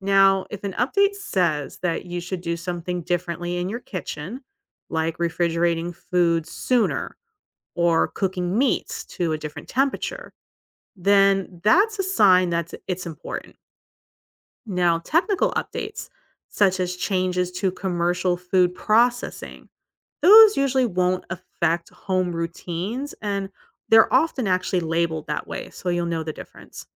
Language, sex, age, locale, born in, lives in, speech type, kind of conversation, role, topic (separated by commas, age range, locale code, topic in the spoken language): English, female, 40-44, en-US, United States, United States, read, expert-assistant, expert, Who gives the most reliable home food safety advice?